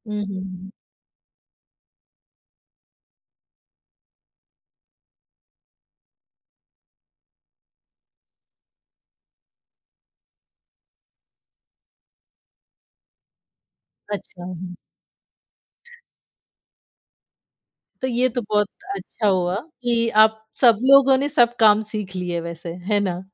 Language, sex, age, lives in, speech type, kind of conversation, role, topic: Hindi, female, 40-44, United States, conversation, podcast, host, आपके परिवार में घर के काम कैसे बाँटे जाते हैं?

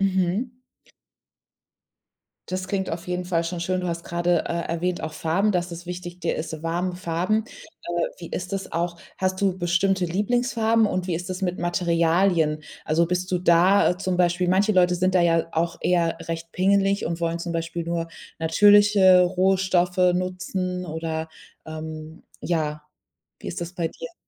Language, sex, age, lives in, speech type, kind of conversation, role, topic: German, female, 30-34, Germany, conversation, podcast, host, Wie kannst du es dir in deiner Wohnung gemütlich machen?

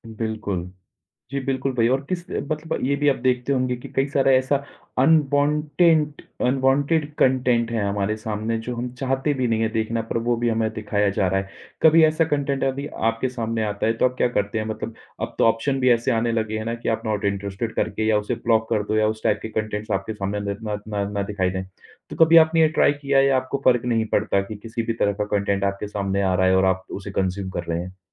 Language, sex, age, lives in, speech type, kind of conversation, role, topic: Hindi, male, 30-34, India, conversation, podcast, host, Social media par bas scroll karne se tumhare mood aur focus par kya asar padta hai?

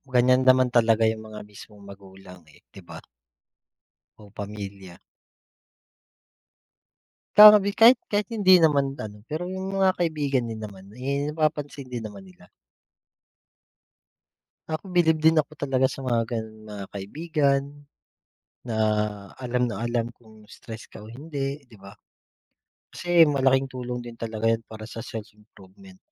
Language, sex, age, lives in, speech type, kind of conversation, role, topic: Filipino, male, 30-34, Philippines, conversation, unstructured, none, Paano mo hinaharap ang stress sa araw-araw?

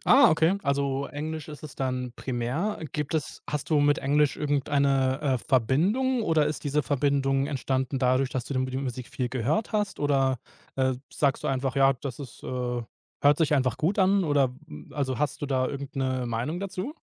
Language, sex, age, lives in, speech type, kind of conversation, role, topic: German, male, 30-34, Germany, conversation, podcast, host, Welche Rolle spielt die Sprache bei deiner Musikauswahl?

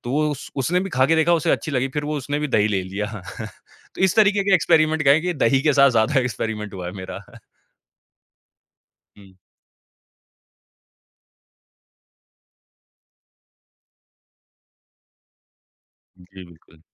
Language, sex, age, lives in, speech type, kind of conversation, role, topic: Hindi, male, 25-29, India, conversation, podcast, guest, आप बेकिंग या पकाने की प्रक्रिया को रचनात्मक क्यों मानते हैं?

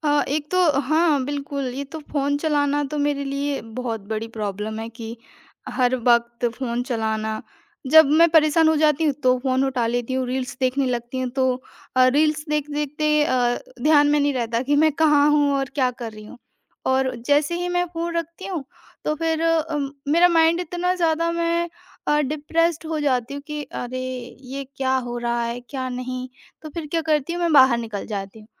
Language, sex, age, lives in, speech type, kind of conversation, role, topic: Hindi, female, 25-29, India, conversation, advice, user, आप काम बार-बार क्यों टालते हैं और आपको समय क्यों नहीं मिल पाता?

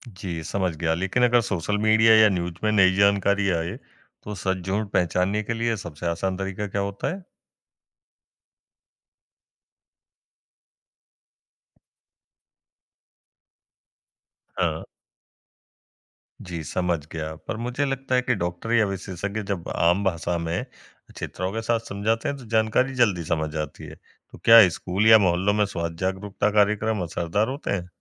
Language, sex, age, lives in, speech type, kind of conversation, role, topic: Hindi, male, 20-24, India, read, expert-assistant, asker, मेडिकल विशेषज्ञताओं की दुनिया में नई बातें और ताज़ा जानकारी कहाँ देखने-सुनने को मिल सकती है, कोई आसान तरीका है?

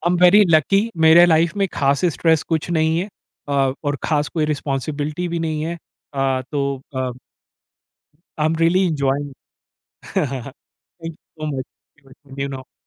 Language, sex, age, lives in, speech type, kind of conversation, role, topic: Hindi, male, 40-44, India, conversation, podcast, guest, Ghar par आरामदायक jhapki ke liye tum apna cosy nap spot kaise banate ho?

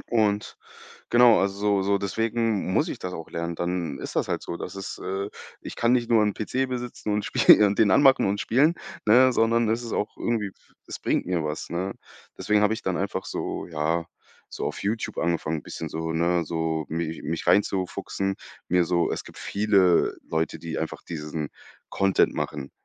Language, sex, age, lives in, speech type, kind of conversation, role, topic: German, male, 25-29, Germany, conversation, podcast, guest, Wie entscheidest du, was du lernen sollst?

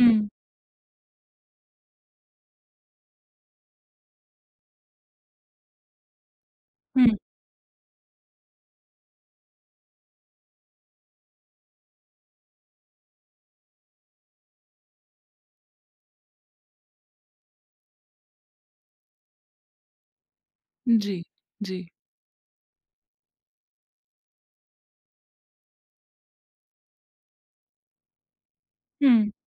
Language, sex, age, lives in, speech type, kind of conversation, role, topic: Hindi, female, 30-34, India, conversation, podcast, host, किस फैसले ने आपकी ज़िंदगी सबसे ज़्यादा बदल दी?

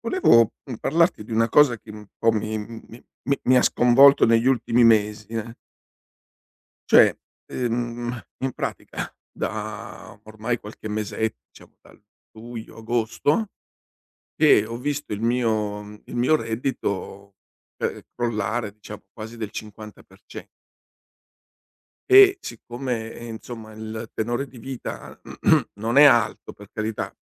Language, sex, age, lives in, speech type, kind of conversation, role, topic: Italian, male, 60-64, Italy, conversation, advice, user, Come state affrontando un improvviso calo del reddito familiare?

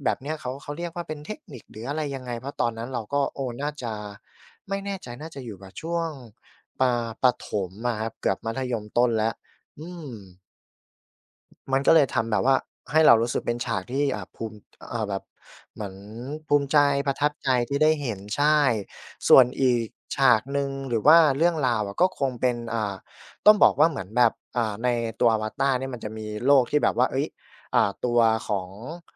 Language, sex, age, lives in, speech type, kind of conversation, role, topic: Thai, male, 25-29, Thailand, conversation, podcast, guest, คุณพอจะเล่าให้ฟังได้ไหมว่ามีหนังสือสมัยเด็กเล่มไหนที่คุณยังคิดถึงอยู่?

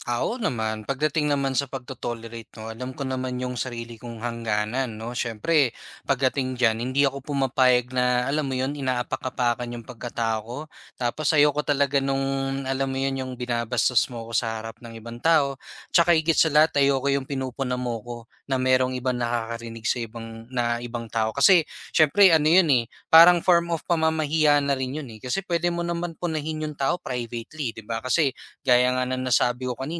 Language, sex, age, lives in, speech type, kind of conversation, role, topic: Filipino, male, 25-29, Philippines, conversation, advice, user, Paano ko maiiwasang katakutan ang puna dahil sa takot na magmukhang maliit o mali?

